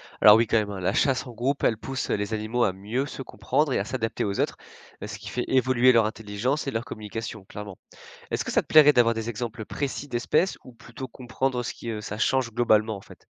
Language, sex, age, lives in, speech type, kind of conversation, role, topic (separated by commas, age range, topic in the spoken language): French, male, 20-24, France, read, expert-assistant, expert, Est-ce que tu pourrais me donner un exemple dans la nature où deux animaux différents finissent par se ressembler sans être de la même famille ?